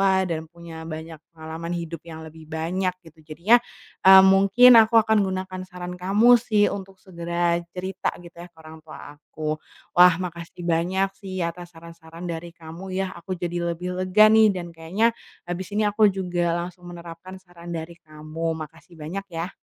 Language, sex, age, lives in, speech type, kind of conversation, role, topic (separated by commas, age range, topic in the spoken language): Indonesian, female, 25-29, Indonesia, conversation, advice, user, Mengapa saya kehilangan motivasi dan merasa hampa secara emosional?